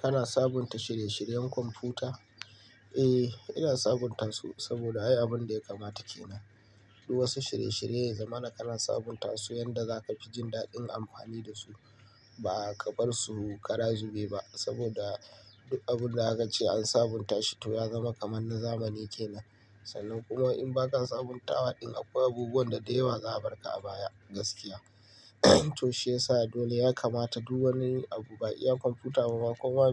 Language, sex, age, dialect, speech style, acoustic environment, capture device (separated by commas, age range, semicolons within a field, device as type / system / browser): Hausa, male, 25-34, Nigeria - Kano, happy, nature; appliances, mobile / Linux / Chrome